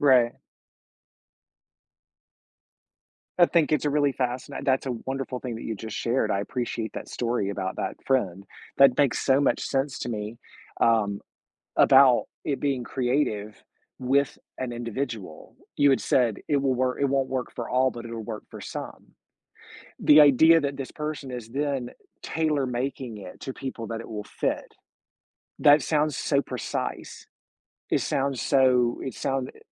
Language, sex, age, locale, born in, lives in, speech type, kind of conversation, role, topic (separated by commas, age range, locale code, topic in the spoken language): English, male, 45-49, en-US, United States, United States, conversation, podcast, guest, What are some ways people can discover and nurture their own creativity?